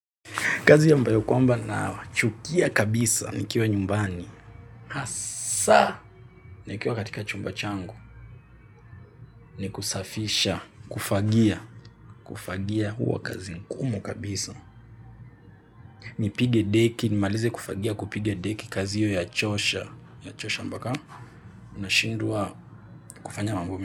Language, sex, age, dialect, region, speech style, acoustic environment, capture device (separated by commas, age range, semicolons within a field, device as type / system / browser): Swahili, male, 18-24, Kenya - Nairobi Sheng-influenced, Kenya, relaxed; stressed, silence, mobile / Linux / Chrome